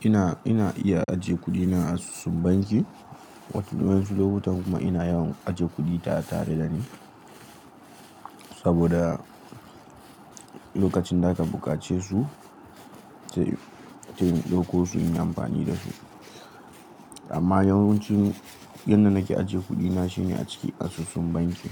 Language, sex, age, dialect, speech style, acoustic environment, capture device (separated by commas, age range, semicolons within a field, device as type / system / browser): Hausa, male, 18-24, Nigeria - Nigerian Standard, relaxed; focused, nature; appliances, mobile / Linux / Chrome